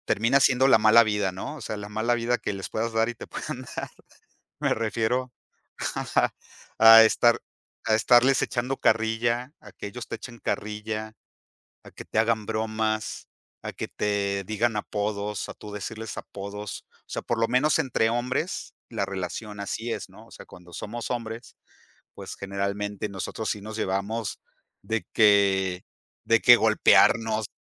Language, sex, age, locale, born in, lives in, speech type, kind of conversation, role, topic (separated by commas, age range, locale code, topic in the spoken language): Spanish, male, 50-54, es-MX, Mexico, Mexico, conversation, podcast, guest, ¿Qué significa para ti sentirse parte de un grupo?